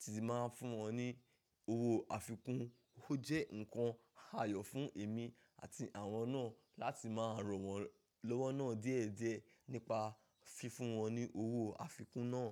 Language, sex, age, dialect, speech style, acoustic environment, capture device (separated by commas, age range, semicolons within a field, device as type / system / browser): Yoruba, male, 25-34, Nigeria - Ibadan, focused, nature; silence, mobile / macOS / Safari